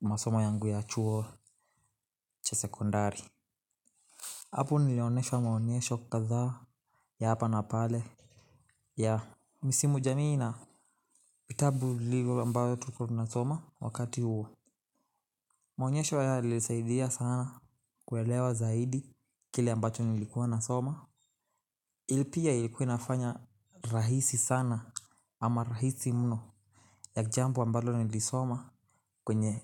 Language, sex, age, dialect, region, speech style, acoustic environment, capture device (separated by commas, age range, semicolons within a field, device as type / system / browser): Swahili, male, 18-24, Kenya - Nairobi Sheng-influenced, Kenya, relaxed, silence, mobile / Linux / Chrome